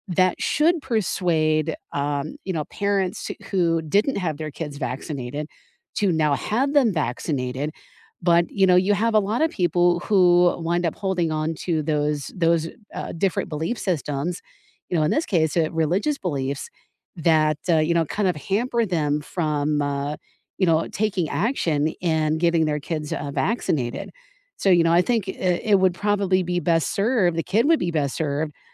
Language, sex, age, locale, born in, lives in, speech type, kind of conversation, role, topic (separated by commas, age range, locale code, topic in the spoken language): English, female, 45-49, en-US, United States, United States, conversation, unstructured, none, How can people be persuaded to act on scientific warnings?